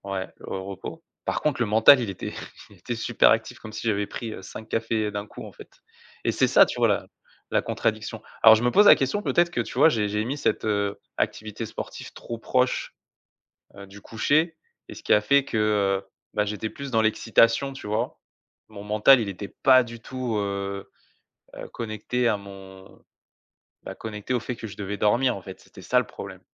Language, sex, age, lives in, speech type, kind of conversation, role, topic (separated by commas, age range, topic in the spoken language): French, male, 35-39, France, conversation, advice, user, Comment puis-je installer une routine de sommeil cohérente chaque nuit ?